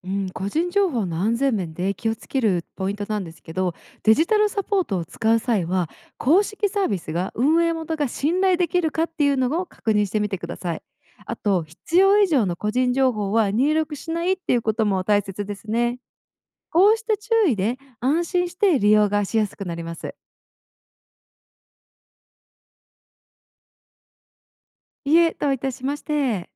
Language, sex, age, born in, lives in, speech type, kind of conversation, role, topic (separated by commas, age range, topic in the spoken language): Japanese, female, 25-29, Japan, United States, read, expert-assistant, expert, 医療機関同士の連携が進むと患者と家族にはどんな良い影響がありますか？